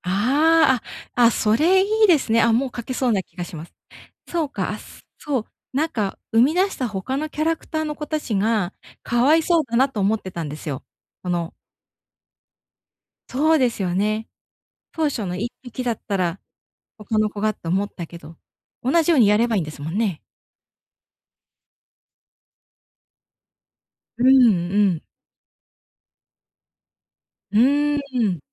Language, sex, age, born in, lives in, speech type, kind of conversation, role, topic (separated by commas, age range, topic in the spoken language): Japanese, female, 35-39, Japan, Japan, conversation, advice, user, 未完成のアイデアを最後まで完成させるにはどうすればいいですか？